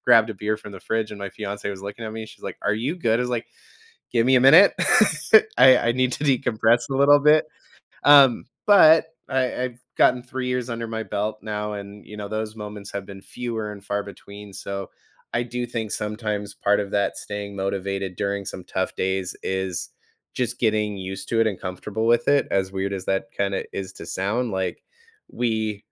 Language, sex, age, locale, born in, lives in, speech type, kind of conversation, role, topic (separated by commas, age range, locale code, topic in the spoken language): English, male, 30-34, en-US, United States, United States, conversation, podcast, guest, What helps you maintain your drive and resilience when work gets challenging?